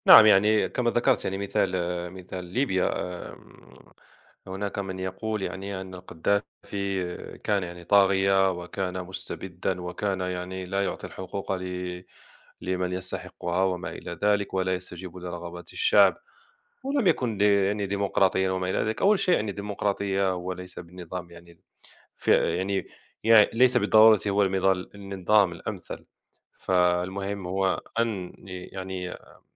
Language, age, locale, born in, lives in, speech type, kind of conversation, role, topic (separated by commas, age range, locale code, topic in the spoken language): Arabic, 30-34, ar-MA, Morocco, France, conversation, unstructured, none, إزاي الربيع العربي أثّر على المجتمعات العربية؟